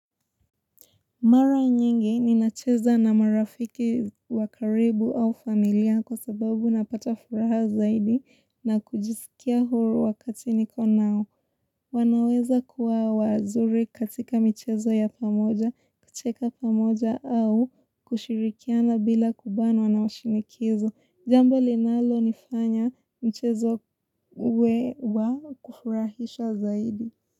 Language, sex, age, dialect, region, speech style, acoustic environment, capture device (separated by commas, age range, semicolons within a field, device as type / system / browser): Swahili, female, 18-24, Kenya - Mombasa, Kenya, relaxed, silence, mobile / Linux / Chrome